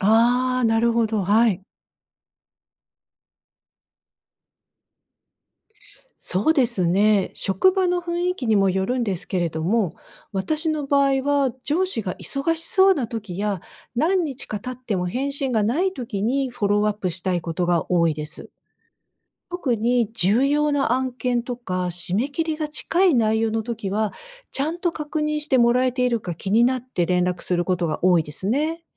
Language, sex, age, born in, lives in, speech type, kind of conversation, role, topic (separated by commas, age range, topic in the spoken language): Japanese, female, 55-59, Japan, United States, read, expert-assistant, asker, 上司に意見を伝えるにはどうすれば効果的ですか？